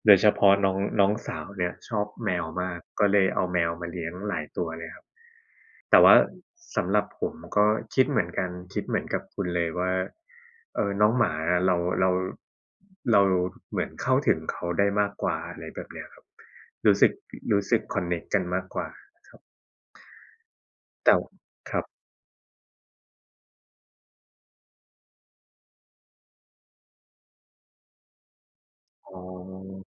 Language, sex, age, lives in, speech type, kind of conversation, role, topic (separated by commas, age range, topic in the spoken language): Thai, male, 30-34, Thailand, conversation, unstructured, none, เราควรเลือกสัตว์เลี้ยงอย่างไรให้เหมาะกับวิถีชีวิตของเรา?